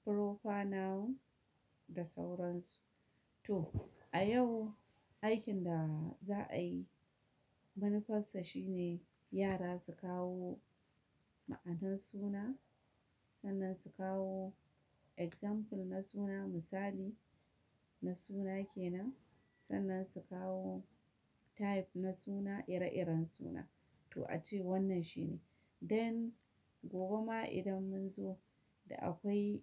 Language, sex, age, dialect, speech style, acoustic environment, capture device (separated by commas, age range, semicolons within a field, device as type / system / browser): Hausa, female, 35-44, Nigeria - Kano, happy, silence, mobile / Linux / Chrome